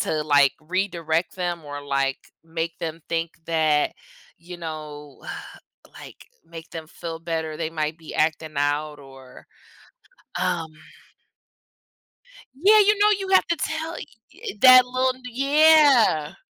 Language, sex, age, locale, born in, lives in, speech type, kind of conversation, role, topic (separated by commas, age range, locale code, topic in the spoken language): English, female, 25-29, en-US, United States, United States, conversation, podcast, host, How does honesty shape your relationships and daily choices?